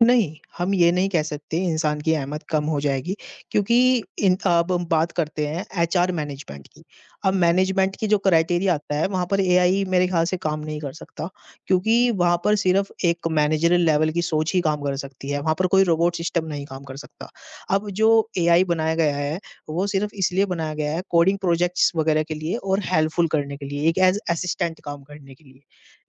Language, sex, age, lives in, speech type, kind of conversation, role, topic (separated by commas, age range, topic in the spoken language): Hindi, male, 50-54, India, conversation, unstructured, none, क्या कृत्रिम बुद्धिमत्ता से इंसानों की नौकरियाँ खतरे में हैं?